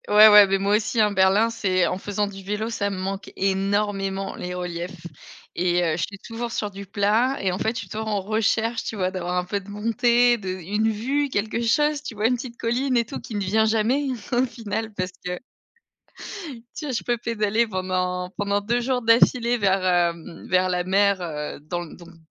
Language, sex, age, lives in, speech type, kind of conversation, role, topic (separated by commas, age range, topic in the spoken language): French, female, 30-34, Germany, conversation, unstructured, none, Comment imagines-tu ta vie dans dix ans ?